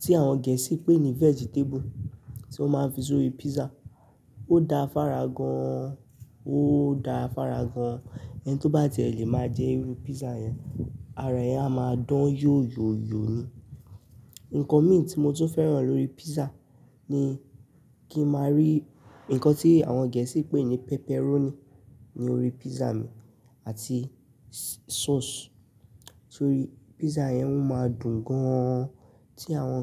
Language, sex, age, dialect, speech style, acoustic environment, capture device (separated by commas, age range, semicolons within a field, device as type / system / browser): Yoruba, male, 18-24, Nigeria - Nigerian Standard, stressed; tired, people talking; nature, mobile / Linux / Chrome